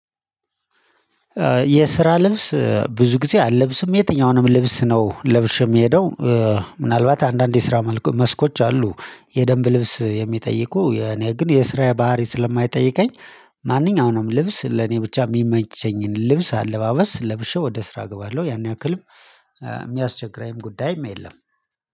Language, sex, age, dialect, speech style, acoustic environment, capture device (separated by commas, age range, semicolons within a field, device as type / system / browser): Amharic, male, 35-44, Ethiopia - Addis Ababa, excited, silence, mobile / Linux / Chrome